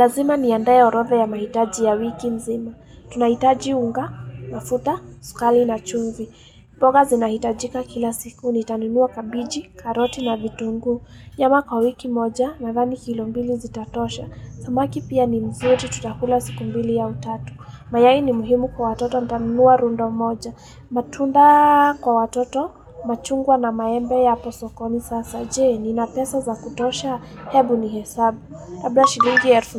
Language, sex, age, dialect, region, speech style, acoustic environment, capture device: Swahili, female, 18-24, Kenya - Nairobi Sheng-influenced, Kenya, happy; excited, people talking; traffic, mobile / Linux / Chrome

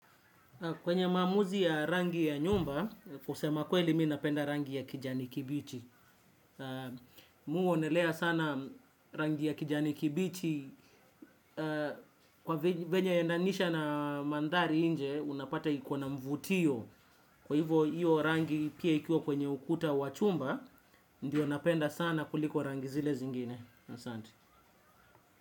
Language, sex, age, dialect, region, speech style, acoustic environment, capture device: Swahili, male, 25-34, Kenya - Nairobi Sheng-influenced, Kenya, relaxed, silence, desktop / Windows / Chrome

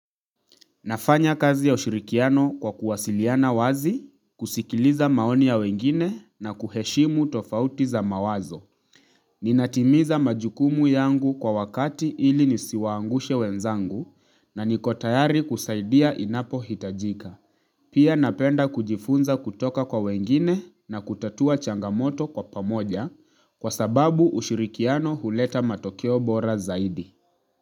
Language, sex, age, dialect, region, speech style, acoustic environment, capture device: Swahili, male, 25-34, Kenya - Nairobi Sheng-influenced, Kenya, relaxed; focused, nature; silence, mobile / Linux / Chrome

